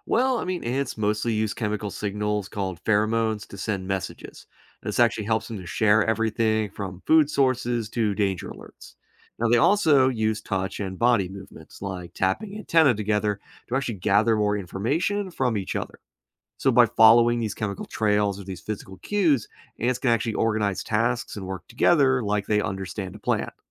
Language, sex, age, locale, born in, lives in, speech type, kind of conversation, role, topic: English, male, 30-34, en-US, United States, United States, read, expert-assistant, expert, How do ants communicate with each other?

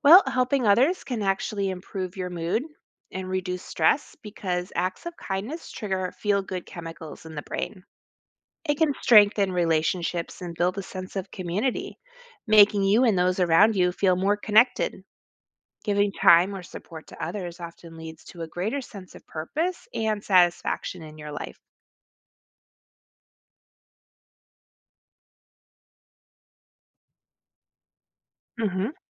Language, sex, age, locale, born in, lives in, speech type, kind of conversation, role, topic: English, female, 40-44, en-US, United States, United States, read, expert-assistant, expert, What are the benefits of helping others?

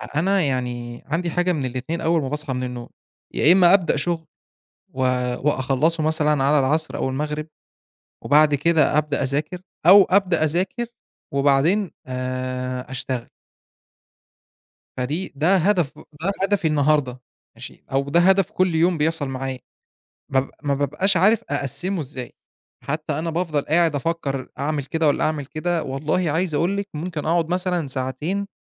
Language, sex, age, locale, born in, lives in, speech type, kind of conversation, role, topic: Arabic, male, 25-29, ar-EG, Egypt, Egypt, conversation, advice, user, ليه مش قادر أقسم هدفي الكبير لخطوات صغيرة أقدر أبدأ بيها؟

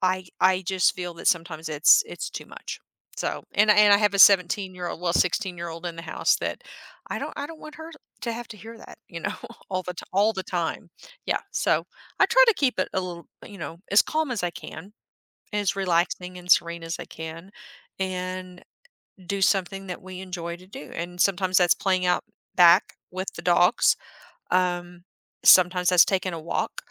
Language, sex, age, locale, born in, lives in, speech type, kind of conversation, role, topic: English, female, 50-54, en-US, United States, United States, conversation, podcast, guest, How can I create a relaxing evening routine?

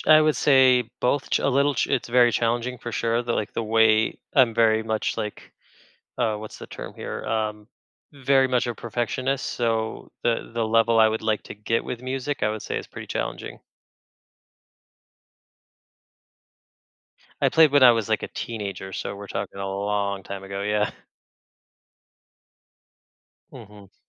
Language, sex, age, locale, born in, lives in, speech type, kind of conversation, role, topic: English, male, 35-39, en-US, United States, United States, conversation, advice, user, How can I start trying new activities?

